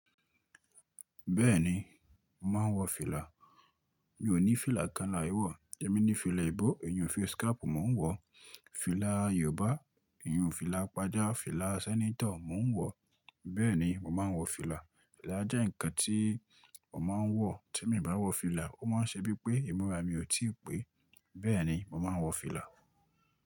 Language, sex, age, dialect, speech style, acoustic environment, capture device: Yoruba, male, 25-34, Nigeria - Ibadan, focused; relaxed, nature; silence, mobile / Linux / Chrome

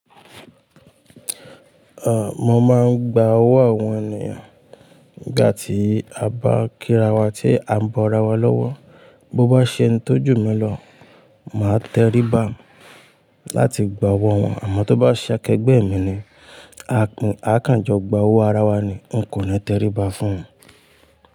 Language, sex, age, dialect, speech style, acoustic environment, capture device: Yoruba, male, 25-34, Nigeria - Ibadan, relaxed, silence, mobile / Linux / Chrome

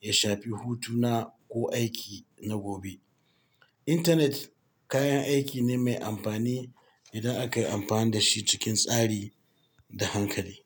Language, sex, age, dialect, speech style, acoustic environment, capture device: Hausa, male, 45-54, Nigeria - Katsina, happy; excited; focused, people talking, mobile / Linux / Chrome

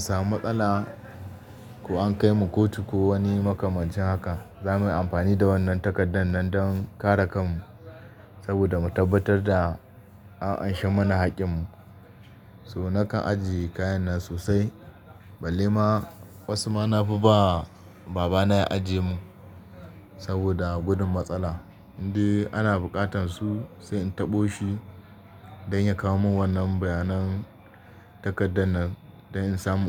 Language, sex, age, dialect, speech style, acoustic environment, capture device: Hausa, male, 25-34, Nigeria - Kano, happy; focused; relaxed, people talking; nature, desktop / Linux / Chrome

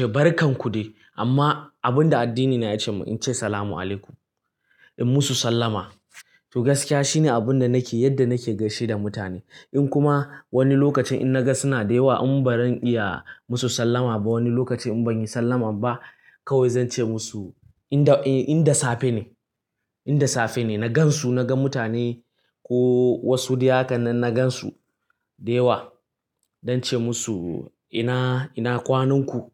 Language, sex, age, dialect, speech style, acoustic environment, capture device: Hausa, male, 18-24, Nigeria - Kano, anxious, nature, mobile / Linux / Chrome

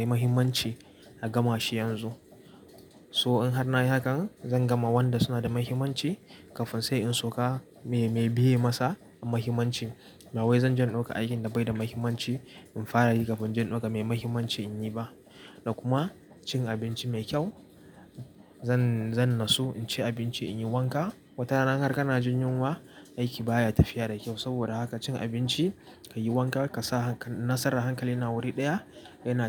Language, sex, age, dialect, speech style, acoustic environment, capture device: Hausa, male, 18-24, Nigeria - Nigerian Standard, focused; relaxed, nature, mobile / Linux / Chrome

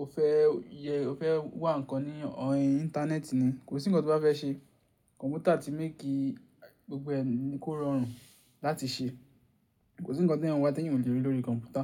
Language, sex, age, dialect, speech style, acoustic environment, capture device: Yoruba, male, 18-24, Nigeria - Ibadan, focused, silence, mobile / Linux / Chrome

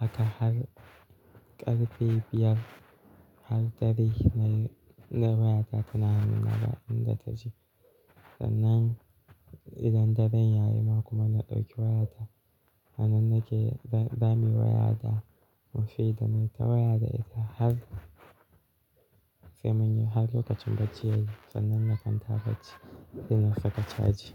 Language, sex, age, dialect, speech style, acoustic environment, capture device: Hausa, male, 18-24, Nigeria - Kano, relaxed; focused, nature; silence; appliances, mobile / Linux / Chrome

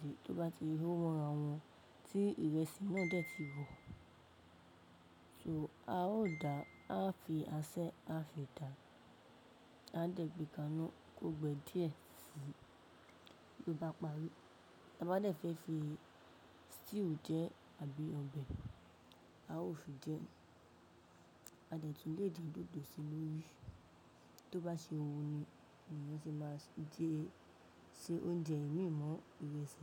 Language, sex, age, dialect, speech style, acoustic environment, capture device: Yoruba, female, 18-24, Nigeria - Ibadan, relaxed, appliances, mobile / macOS / Safari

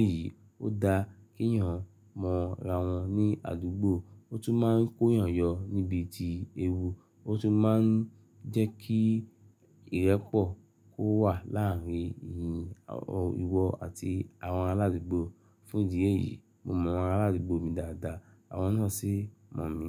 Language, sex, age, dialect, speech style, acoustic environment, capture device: Yoruba, male, 35-44, Nigeria - Lagos Yoruba, happy; relaxed; excited, nature, mobile / Linux / Chrome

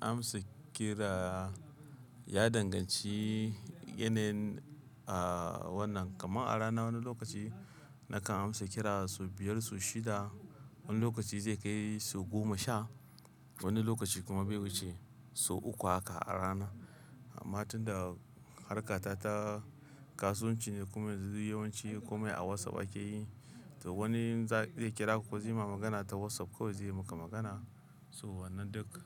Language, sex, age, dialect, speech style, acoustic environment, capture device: Hausa, male, 25-34, Nigeria - Kano, happy, traffic, mobile / Linux / Chrome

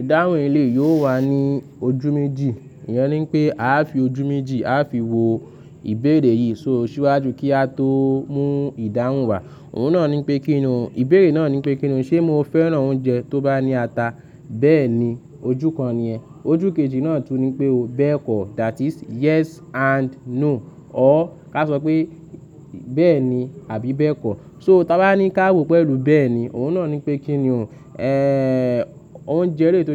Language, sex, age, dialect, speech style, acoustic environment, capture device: Yoruba, male, 25-34, Nigeria - Lagos Yoruba, happy; nervous, nature, mobile / Linux / Chrome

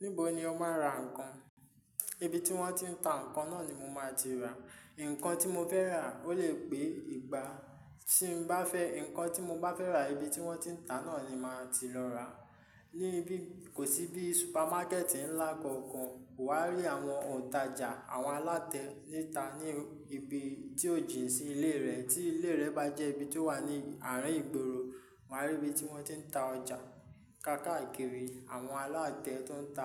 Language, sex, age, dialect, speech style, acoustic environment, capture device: Yoruba, male, 25-34, Nigeria - Ijebu, stressed, people talking, mobile / Linux / Chrome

